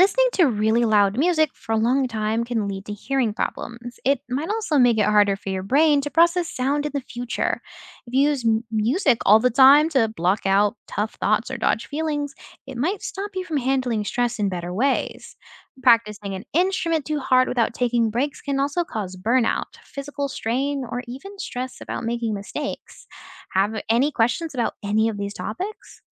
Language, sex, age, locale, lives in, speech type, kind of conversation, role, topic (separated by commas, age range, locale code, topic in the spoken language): English, other, 20-24, en-US, United States, read, expert-assistant, expert, What are the psychological effects of music on the brain?